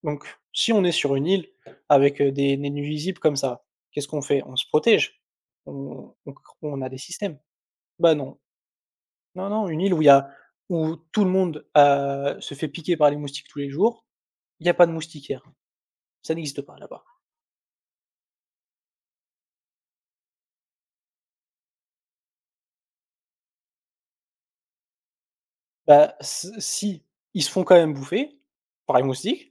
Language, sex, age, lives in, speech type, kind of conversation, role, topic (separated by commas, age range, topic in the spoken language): French, male, 20-24, France, conversation, unstructured, none, Quel voyage t’a le plus marqué émotionnellement ?